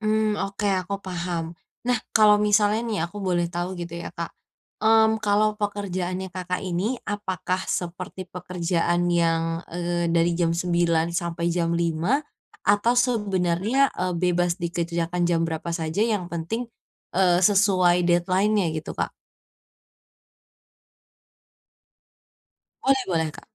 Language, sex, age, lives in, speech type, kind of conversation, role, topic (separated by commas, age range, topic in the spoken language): Indonesian, female, 20-24, Indonesia, conversation, advice, advisor, Bagaimana saya membagi waktu antar tugas penting tanpa merasa kewalahan?